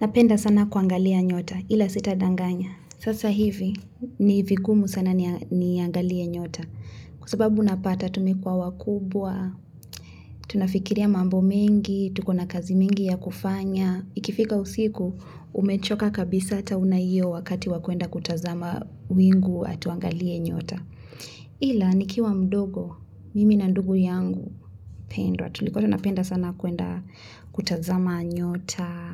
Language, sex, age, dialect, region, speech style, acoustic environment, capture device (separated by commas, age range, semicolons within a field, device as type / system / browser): Swahili, female, 25-34, Kenya - Nairobi Sheng-influenced, Kenya, relaxed, music, mobile / Linux / Chrome